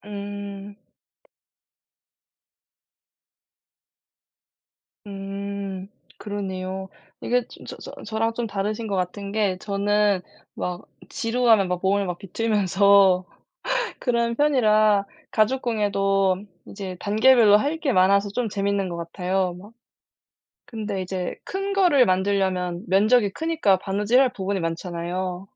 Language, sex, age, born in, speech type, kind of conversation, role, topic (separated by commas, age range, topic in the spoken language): Korean, female, 20-24, South Korea, conversation, unstructured, none, 취미를 하면서 배운 것 중에서 가장 재미있었던 점은 무엇인가요?